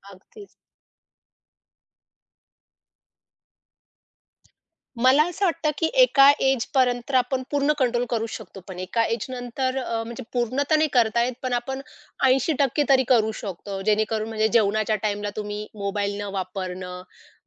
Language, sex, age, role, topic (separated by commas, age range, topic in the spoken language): Marathi, female, 30-34, guest, घरात मुलांच्या स्क्रीन वापरासाठी नियम कसे ठरवता?